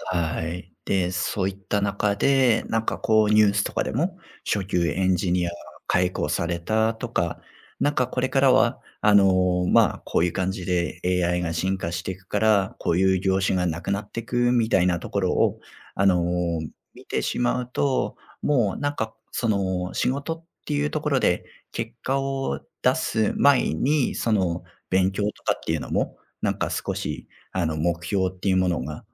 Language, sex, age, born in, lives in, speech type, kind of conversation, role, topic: Japanese, male, 35-39, Japan, Japan, conversation, advice, user, 進歩が見えず自己嫌悪になるときはどうすればよいでしょうか？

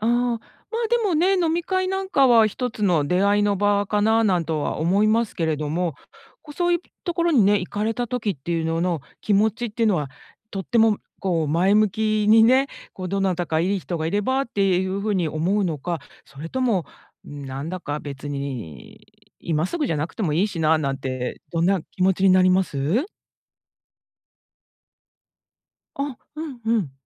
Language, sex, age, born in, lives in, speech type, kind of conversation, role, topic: Japanese, female, 50-54, Japan, United States, conversation, advice, advisor, 周囲と自分のライフステージを比べてつらいと感じますか？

